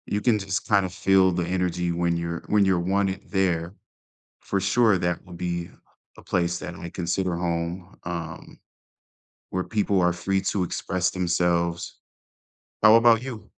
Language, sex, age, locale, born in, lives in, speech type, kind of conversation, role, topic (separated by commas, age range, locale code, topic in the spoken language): English, male, 35-39, en-US, United States, United States, conversation, unstructured, none, Which places feel like home to you, and how do they shape your sense of belonging?